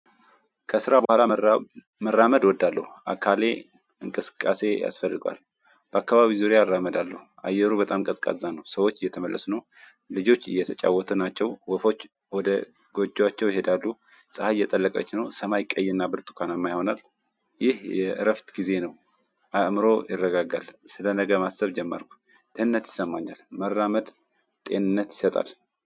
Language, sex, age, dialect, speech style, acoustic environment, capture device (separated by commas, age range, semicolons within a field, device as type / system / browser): Amharic, male, 25-34, Ethiopia - Tigray, focused, silence, mobile / Android / Chrome